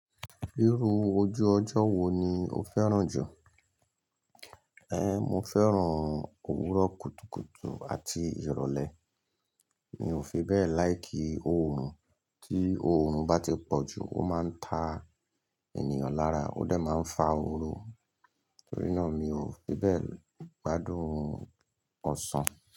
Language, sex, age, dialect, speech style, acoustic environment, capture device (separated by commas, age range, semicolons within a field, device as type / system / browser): Yoruba, male, 45-54, Nigeria - Lagos Yoruba, happy, people talking; nature; appliances, mobile / Linux / Chrome